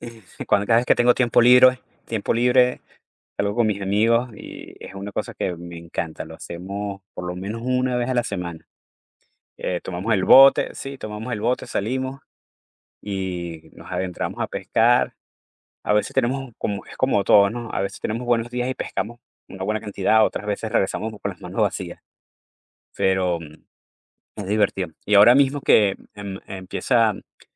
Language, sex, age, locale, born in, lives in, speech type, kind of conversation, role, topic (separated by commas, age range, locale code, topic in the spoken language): Spanish, male, 45-49, es-VE, Venezuela, United States, conversation, unstructured, none, ¿En qué lugar de la naturaleza te sientes más feliz?